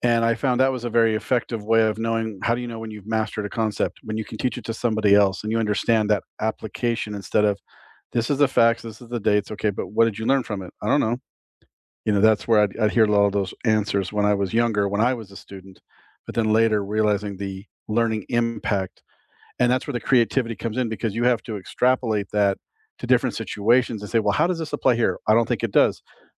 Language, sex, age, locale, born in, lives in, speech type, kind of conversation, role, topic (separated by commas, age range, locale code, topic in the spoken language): English, male, 50-54, en-US, United States, United States, conversation, unstructured, none, Should schools focus more on testing or on creativity?